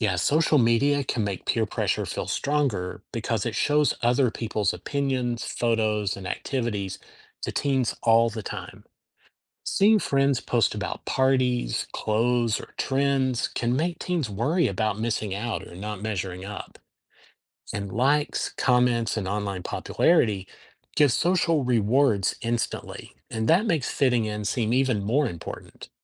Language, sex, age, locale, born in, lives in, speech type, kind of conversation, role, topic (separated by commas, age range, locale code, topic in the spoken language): English, male, 50-54, en-US, United States, United States, read, expert-assistant, expert, How does peer pressure affect teens' decisions?